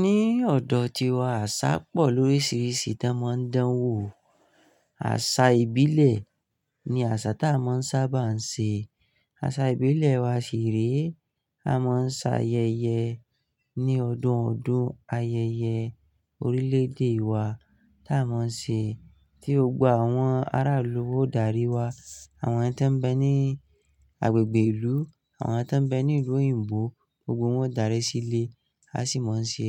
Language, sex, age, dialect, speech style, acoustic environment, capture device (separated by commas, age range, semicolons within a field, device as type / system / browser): Yoruba, male, 25-34, Nigeria - Nigerian Standard, relaxed, silence, mobile / Linux / Chrome